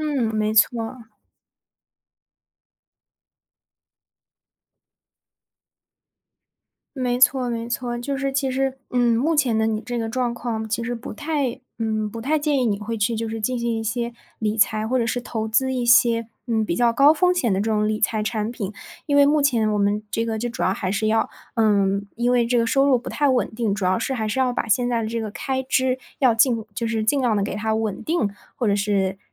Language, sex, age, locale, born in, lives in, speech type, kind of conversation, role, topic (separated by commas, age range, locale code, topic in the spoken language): Chinese, female, 20-24, zh-CN, China, Germany, conversation, advice, advisor, 我的收入不稳定，导致难以规划开支，该怎么办？